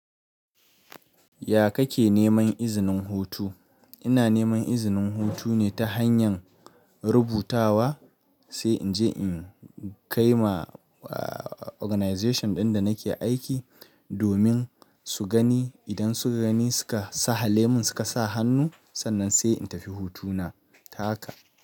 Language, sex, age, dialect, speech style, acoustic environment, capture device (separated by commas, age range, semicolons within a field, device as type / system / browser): Hausa, male, 25-34, Nigeria - Nigerian Standard, happy; excited; focused, silence, mobile / Linux / Chrome